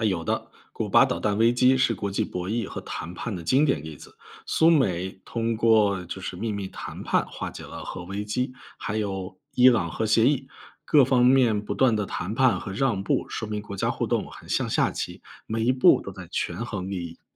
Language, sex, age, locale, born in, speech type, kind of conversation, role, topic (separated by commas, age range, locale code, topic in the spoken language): Chinese, male, 35-39, zh-CN, China, read, expert-assistant, expert, 我怎么能更好地看懂不同国家之间的互动？